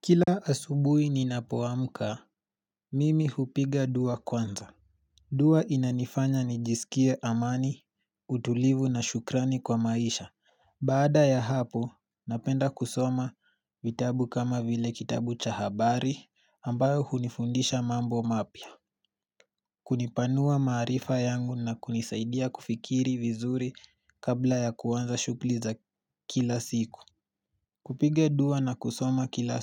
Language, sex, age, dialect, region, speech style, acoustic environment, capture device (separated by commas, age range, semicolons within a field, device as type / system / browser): Swahili, male, 18-24, Kenya - Nairobi Sheng-influenced, Kenya, relaxed, silence, mobile / Linux / Chrome